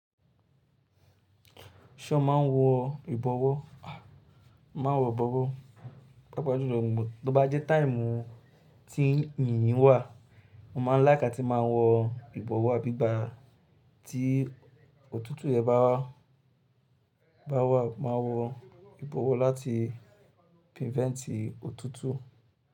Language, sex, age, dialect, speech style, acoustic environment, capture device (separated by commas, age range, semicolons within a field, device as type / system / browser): Yoruba, male, 25-34, Nigeria - Lagos Yoruba, happy, silence, mobile / Linux / Chrome